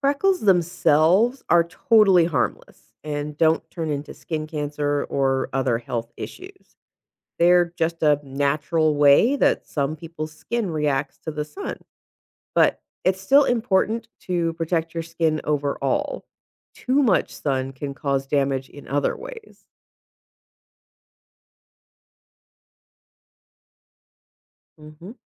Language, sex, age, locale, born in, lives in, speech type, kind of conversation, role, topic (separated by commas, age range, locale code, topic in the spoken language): English, female, 35-39, en-US, United States, United States, read, expert-assistant, expert, Why do some people have freckles?